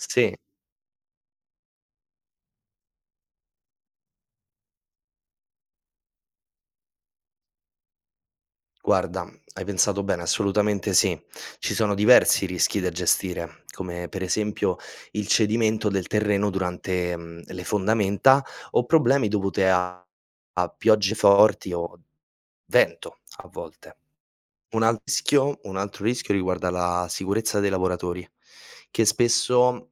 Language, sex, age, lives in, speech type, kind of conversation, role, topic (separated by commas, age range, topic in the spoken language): Italian, male, 25-29, Italy, read, expert-assistant, expert, Quali sono le tappe della costruzione di un ponte?